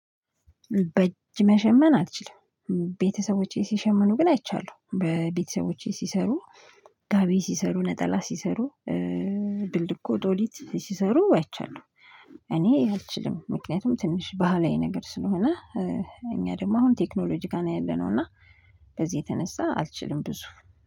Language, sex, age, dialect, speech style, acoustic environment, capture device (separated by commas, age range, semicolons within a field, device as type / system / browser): Amharic, female, 25-34, Ethiopia - Addis Ababa, happy, silence, mobile / Linux / Chrome